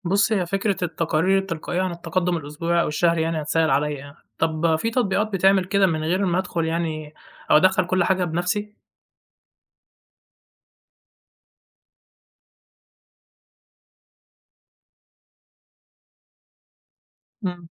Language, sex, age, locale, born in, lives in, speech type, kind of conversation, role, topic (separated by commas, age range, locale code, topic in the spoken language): Arabic, male, 20-24, ar-EG, Egypt, Egypt, read, expert-assistant, asker, إيه أهم الأدوات الرقمية اللي ممكن تساعد رائد الأعمال في متابعة شغله وتنظيم وقته بكفاءة؟